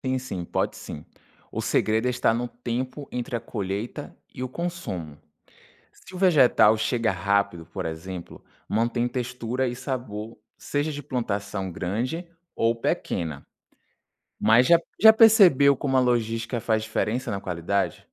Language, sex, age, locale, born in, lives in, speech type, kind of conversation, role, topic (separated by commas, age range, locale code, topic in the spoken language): Portuguese, male, 25-29, pt-BR, Brazil, France, read, expert-assistant, expert, Dizem que os alimentos de grandes plantações têm menos nutrientes do que os de pequenas hortas; isso faz sentido mesmo?